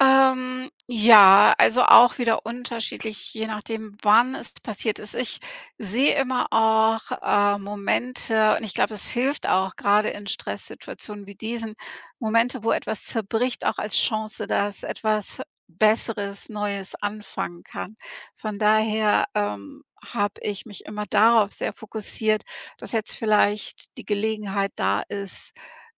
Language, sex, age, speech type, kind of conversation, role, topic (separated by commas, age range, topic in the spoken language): German, female, 55-59, conversation, podcast, guest, Wie bleibt Nähe trotz Stress und Alltag erhalten?